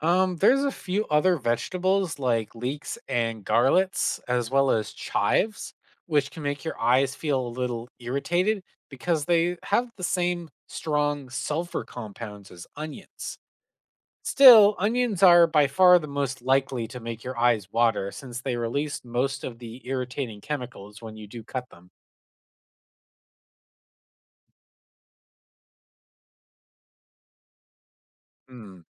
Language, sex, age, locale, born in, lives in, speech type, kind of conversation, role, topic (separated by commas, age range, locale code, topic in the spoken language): English, male, 25-29, en-CA, Canada, United States, read, expert-assistant, expert, Why do onions cause eyes to water?